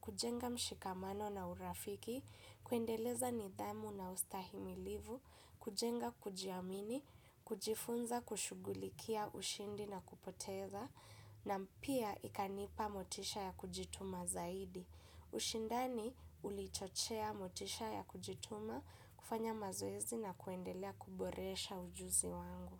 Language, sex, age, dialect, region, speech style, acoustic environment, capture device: Swahili, female, 18-24, Kenya - Nairobi Sheng-influenced, Kenya, happy, music; silence, desktop / Windows / Chrome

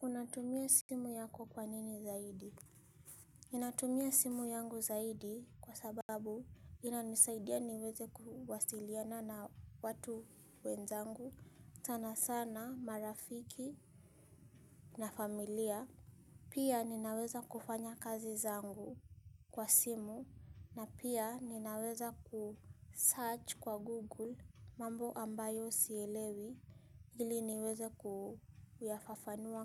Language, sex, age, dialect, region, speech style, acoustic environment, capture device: Swahili, female, 25-34, Kenya - Nairobi Sheng-influenced, Kenya, happy, silence, mobile / Linux / Chrome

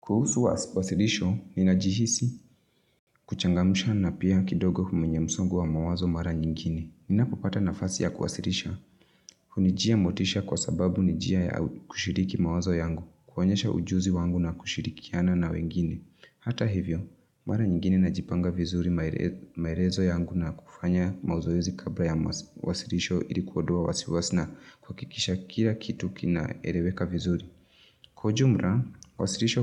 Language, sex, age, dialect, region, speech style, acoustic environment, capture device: Swahili, female, 25-34, Kenya - Nairobi Sheng-influenced, Kenya, excited, nature, mobile / Linux / Chrome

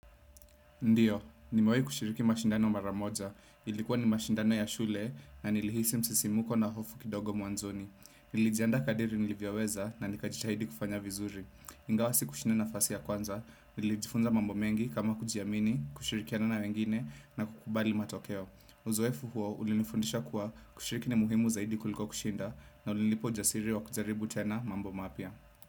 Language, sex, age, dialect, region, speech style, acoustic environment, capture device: Swahili, male, 25-34, Kenya - Nairobi Sheng-influenced, Kenya, focused; relaxed; happy, nature; silence, desktop / Windows / Chrome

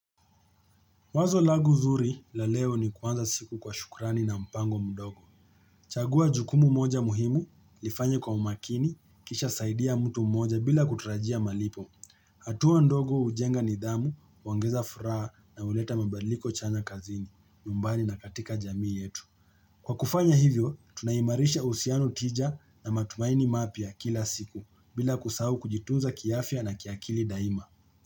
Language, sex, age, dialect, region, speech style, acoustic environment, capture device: Swahili, male, 25-34, Kenya - Nairobi Sheng-influenced, Kenya, relaxed; happy; focused, nature; silence; people talking, mobile / Linux / Chrome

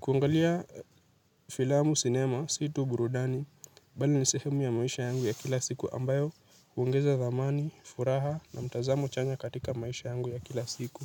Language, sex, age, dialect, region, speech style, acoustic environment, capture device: Swahili, male, 18-24, Kenya - Nairobi Sheng-influenced, Kenya, happy; anxious; nervous; excited, nature; traffic; construction, mobile / Linux / Chrome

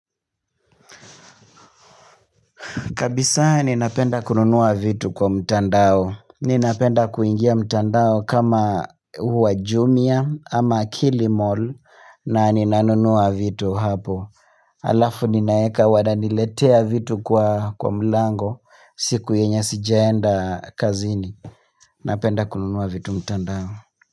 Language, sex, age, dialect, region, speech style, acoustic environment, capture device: Swahili, male, 25-34, Kenya - Nairobi Sheng-influenced, Kenya, relaxed, silence, mobile / Linux / Chrome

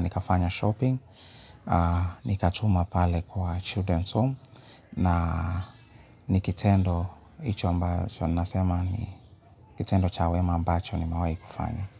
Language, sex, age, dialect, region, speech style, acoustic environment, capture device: Swahili, male, 35-44, Kenya - Nairobi Sheng-influenced, Kenya, happy; relaxed; focused; excited, people talking; music; appliances, mobile / Linux / Chrome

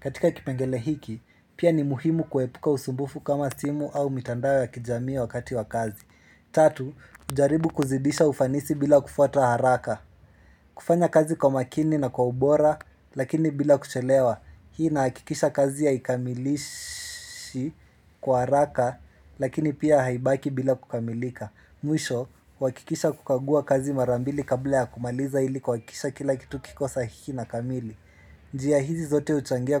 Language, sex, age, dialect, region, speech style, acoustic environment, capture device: Swahili, male, 18-24, Kenya - Nairobi Sheng-influenced, Kenya, happy, silence, mobile / Linux / Chrome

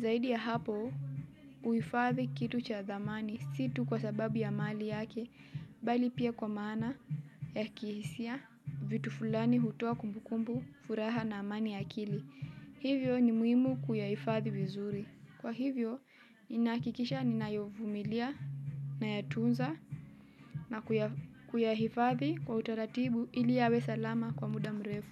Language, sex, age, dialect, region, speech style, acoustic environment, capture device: Swahili, female, 18-24, Kenya - Mombasa, Kenya, relaxed, silence, mobile / Linux / Chrome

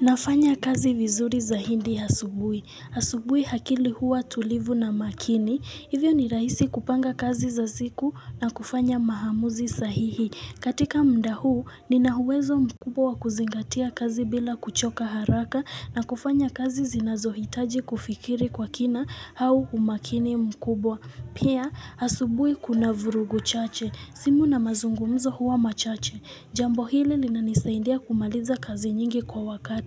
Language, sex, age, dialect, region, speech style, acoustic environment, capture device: Swahili, female, 18-24, Kenya - Nairobi Sheng-influenced, Kenya, focused; excited, people talking; music; nature, mobile / Linux / Chrome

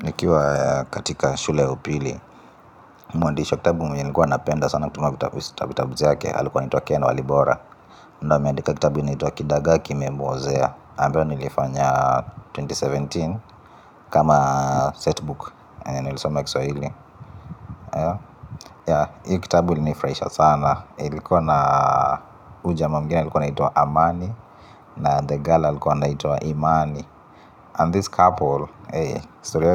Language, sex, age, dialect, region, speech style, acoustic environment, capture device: Swahili, male, 25-34, Kenya - Nairobi Sheng-influenced, Kenya, focused, appliances, mobile / Linux / Chrome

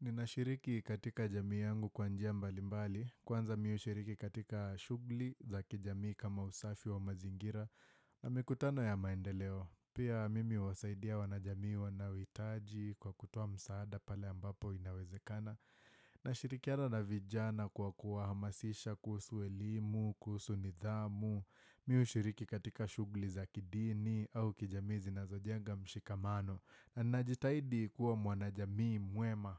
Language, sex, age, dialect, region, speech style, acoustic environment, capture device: Swahili, male, 18-24, Kenya - Nairobi Sheng-influenced, Kenya, happy, silence, desktop / Windows / Firefox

